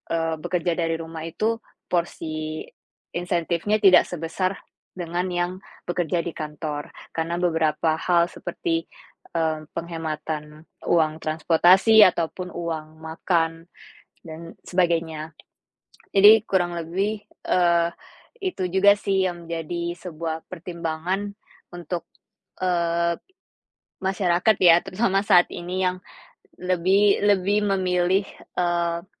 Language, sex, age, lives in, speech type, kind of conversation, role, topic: Indonesian, female, 25-29, Indonesia, conversation, unstructured, none, Apa pendapat Anda tentang bekerja dari rumah dibandingkan bekerja di kantor?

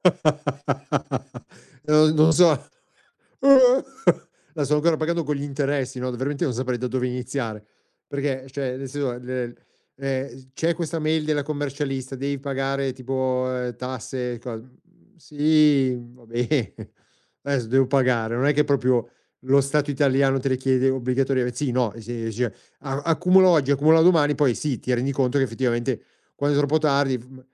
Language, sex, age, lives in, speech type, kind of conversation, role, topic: Italian, male, 50-54, Italy, conversation, advice, user, Come posso smettere di procrastinare cronicamente sui compiti chiave per raggiungere il mio obiettivo?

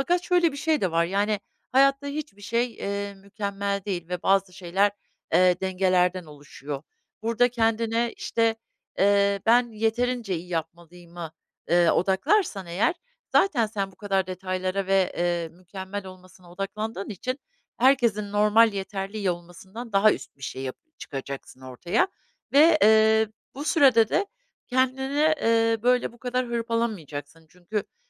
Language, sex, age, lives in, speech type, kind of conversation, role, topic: Turkish, female, 50-54, United States, conversation, advice, advisor, Kendi yeterliliğini sürekli sorgulayıp yetersiz hissettiğini ne zaman ve hangi durumlarda daha çok yaşıyorsun?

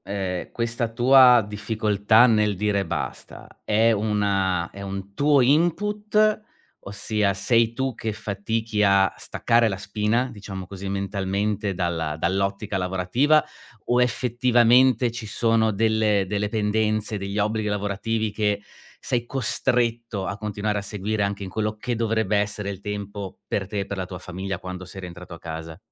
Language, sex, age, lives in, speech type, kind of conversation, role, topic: Italian, male, 40-44, Germany, conversation, advice, advisor, Come posso stabilire le priorità tra lavoro, famiglia e tempo libero?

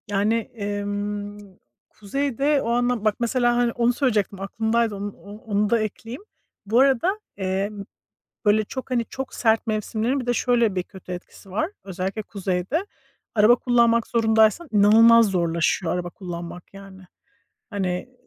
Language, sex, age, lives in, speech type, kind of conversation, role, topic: Turkish, female, 40-44, United States, conversation, unstructured, none, En sevdiğiniz mevsim hangisi ve neden?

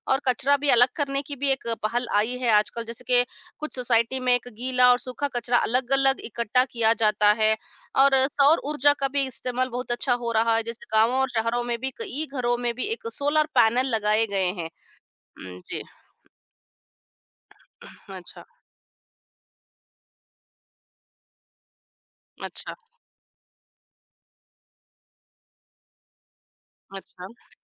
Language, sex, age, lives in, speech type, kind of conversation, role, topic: Hindi, female, 40-44, India, conversation, unstructured, none, आपके अनुसार पर्यावरण को बचाने के लिए सबसे जरूरी कदम क्या है?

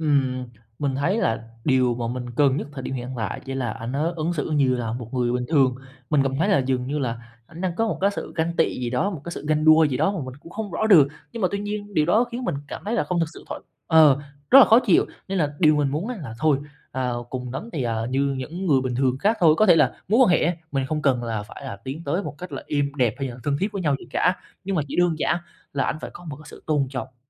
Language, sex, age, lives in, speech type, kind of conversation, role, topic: Vietnamese, male, 20-24, Vietnam, conversation, advice, user, Tôi nên làm gì khi đồng nghiệp hay soi mói và phê bình quá chi tiết khiến tôi mất tự tin?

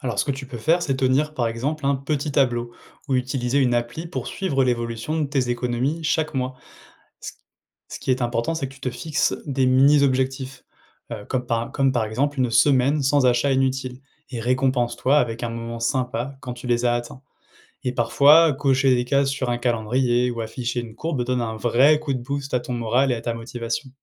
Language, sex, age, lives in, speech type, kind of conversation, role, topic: French, male, 30-34, France, read, expert-assistant, expert, Quand tu lis des astuces sur comment on dépense ou économise, comment tu vérifies si c’est sérieux ?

